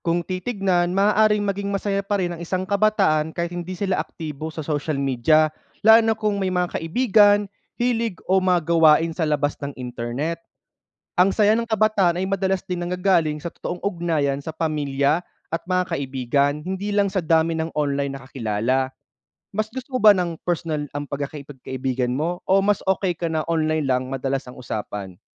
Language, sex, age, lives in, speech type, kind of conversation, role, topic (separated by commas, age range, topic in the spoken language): Filipino, male, 30-34, Philippines, read, expert-assistant, expert, Ano ang epekto ng maagang paggamit ng social media sa pag-uugali ng kabataan?